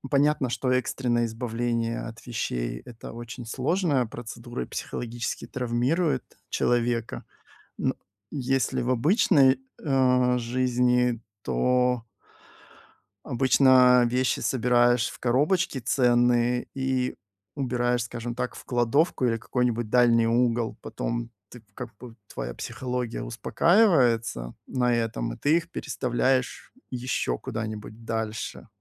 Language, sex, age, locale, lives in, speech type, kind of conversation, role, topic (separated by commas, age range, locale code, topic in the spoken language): Russian, male, 45-49, ru-RU, Spain, conversation, advice, advisor, Как мне отпустить вещи, к которым я эмоционально привязан(а), и начать расхламление?